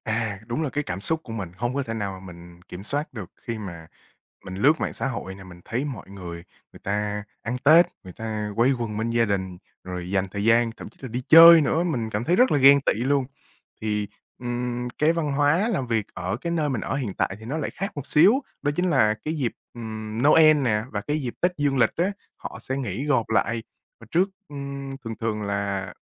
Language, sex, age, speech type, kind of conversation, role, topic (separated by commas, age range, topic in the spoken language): Vietnamese, male, 20-24, conversation, advice, user, Bạn cảm thấy thế nào khi mất dần mối liên hệ với gia đình, nhất là vào dịp lễ Tết xa nhà?